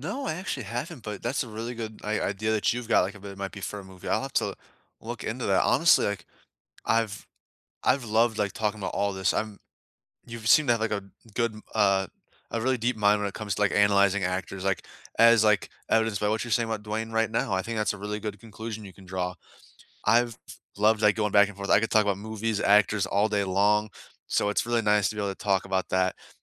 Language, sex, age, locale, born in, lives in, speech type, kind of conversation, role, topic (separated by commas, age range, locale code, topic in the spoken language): English, male, 20-24, en-US, United States, United States, conversation, unstructured, none, Which underrated actors are your must-watch picks for any role, and why?